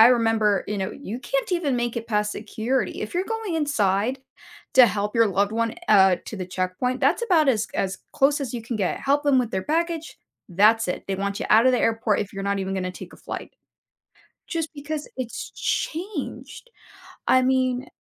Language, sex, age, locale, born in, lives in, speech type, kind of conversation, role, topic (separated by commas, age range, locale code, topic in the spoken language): English, female, 30-34, en-US, United States, United States, conversation, podcast, host, In what ways do your personal values shape the decisions you make in everyday life?